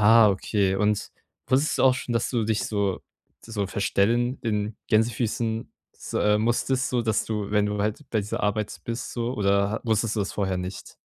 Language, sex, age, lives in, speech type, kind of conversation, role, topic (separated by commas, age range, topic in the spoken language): German, male, 18-19, Germany, conversation, advice, advisor, Wie finde ich eine gute Balance zwischen meiner Außenwirkung und meinem inneren Selbst?